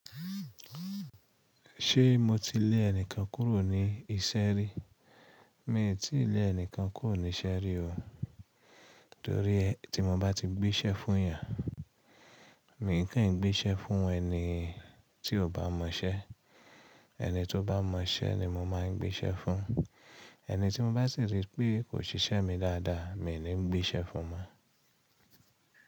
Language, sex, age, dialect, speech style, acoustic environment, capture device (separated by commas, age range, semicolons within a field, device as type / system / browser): Yoruba, female, 25-34, Nigeria - Ibadan, relaxed, silence, mobile / Linux / Chrome